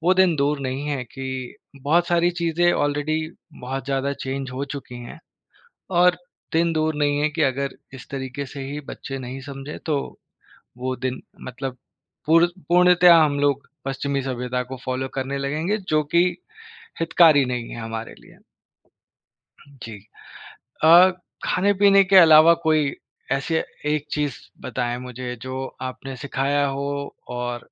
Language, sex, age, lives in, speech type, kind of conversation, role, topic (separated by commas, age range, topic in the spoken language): Hindi, male, 30-34, India, conversation, podcast, host, Bachchon ko traditions sikhaane ka aapka ya aapki community ka tareeqa kya hai?